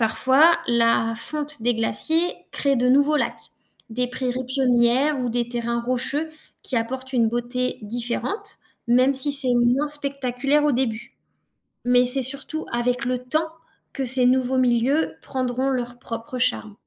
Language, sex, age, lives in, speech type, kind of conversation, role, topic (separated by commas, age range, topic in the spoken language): French, female, 35-39, France, read, expert-assistant, expert, Les paysages formés par les glaciers sont-ils plus spectaculaires que ceux façonnés par les rivières ?